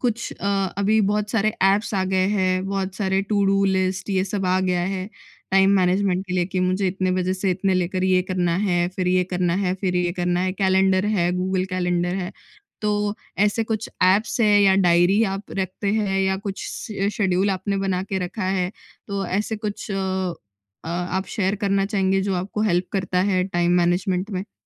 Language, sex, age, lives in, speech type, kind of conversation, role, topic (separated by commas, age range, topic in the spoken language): Hindi, female, 20-24, India, conversation, podcast, host, Time management ke sabse practical tips tum kya share karna chahoge?